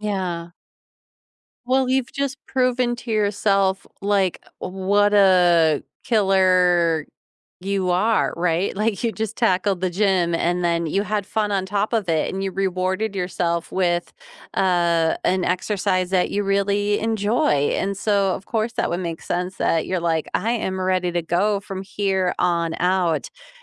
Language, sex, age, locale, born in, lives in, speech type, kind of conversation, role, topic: English, female, 40-44, en-US, United States, United States, conversation, unstructured, none, How does regular exercise affect your mood or stress levels?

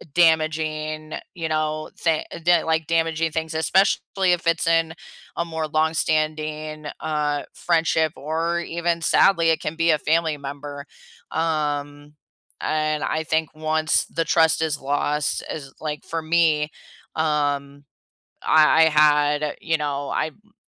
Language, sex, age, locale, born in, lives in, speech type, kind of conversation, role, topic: English, female, 30-34, en-US, United States, United States, conversation, unstructured, none, What role does trust play in family and friendships?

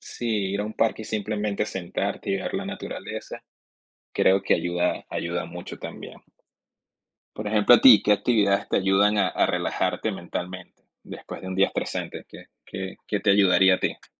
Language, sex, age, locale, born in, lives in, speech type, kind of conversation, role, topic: Spanish, male, 30-34, es-VE, Venezuela, United States, conversation, unstructured, none, ¿Cuál es tu forma favorita de desconectar después de un día largo?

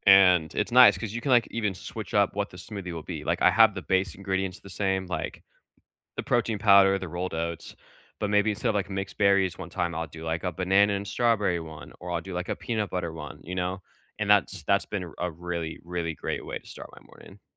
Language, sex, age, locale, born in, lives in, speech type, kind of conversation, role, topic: English, male, 25-29, en-US, United States, United States, conversation, unstructured, none, Which small, realistic morning rituals help you feel calm, and what could we learn from each other?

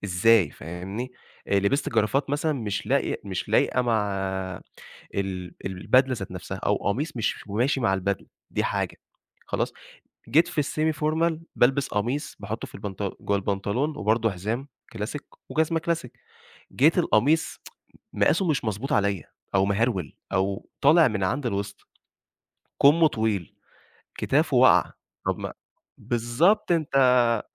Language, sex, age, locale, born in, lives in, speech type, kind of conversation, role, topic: Arabic, male, 25-29, ar-EG, Egypt, Egypt, conversation, podcast, guest, إزاي بتلبس عشان تبيّن شخصيتك من غير ما تتكلم؟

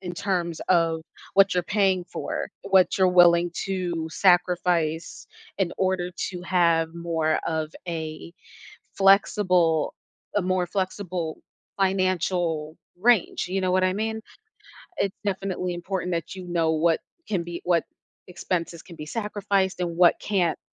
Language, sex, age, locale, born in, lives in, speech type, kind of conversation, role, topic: English, female, 25-29, en-US, United States, United States, conversation, advice, advisor, How can I manage financial stress and regain control of my money?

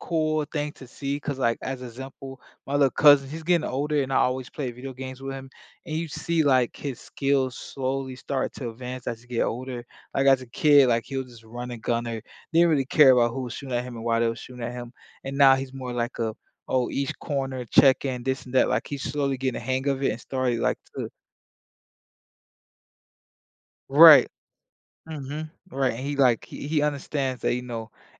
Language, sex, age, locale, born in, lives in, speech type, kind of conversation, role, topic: English, male, 25-29, en-US, United States, United States, conversation, unstructured, none, How do childhood games influence the way we think and solve problems as adults?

